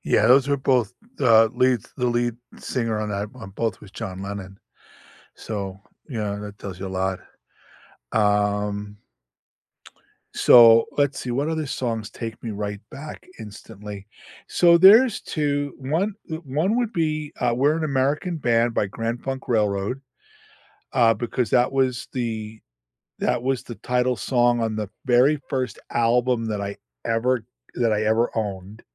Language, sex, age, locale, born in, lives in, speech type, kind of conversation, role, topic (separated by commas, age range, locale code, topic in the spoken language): English, male, 65-69, en-US, United States, United States, conversation, unstructured, none, Which song instantly transports you back to a vivid memory, and what's the story it unlocks?